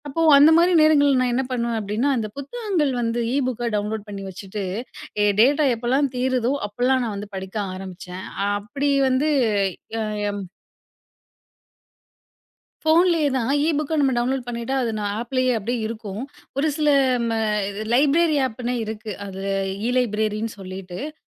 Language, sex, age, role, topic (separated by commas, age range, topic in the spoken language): Tamil, female, 30-34, guest, மூன்று மணி நேரம் மட்டும் உங்களுக்கு கிடைத்தால், அந்த நேரத்தை நீங்கள் எப்படி செலவிடுவீர்கள்?